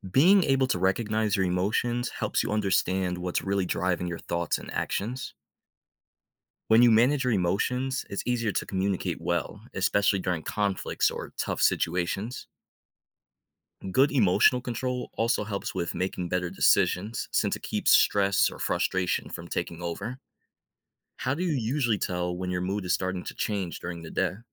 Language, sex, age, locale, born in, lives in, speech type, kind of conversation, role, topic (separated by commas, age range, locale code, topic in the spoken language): English, male, 25-29, en-US, United States, United States, read, expert-assistant, expert, Why is it important to recognize and manage your emotions?